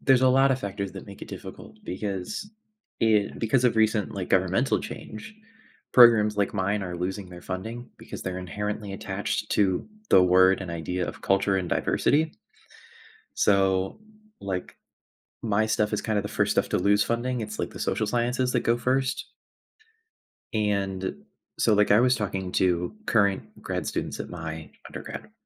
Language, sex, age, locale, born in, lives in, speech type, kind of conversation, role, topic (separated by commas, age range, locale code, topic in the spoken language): English, male, 20-24, en-US, United States, United States, conversation, advice, user, How can I make a big life decision when I'm feeling uncertain?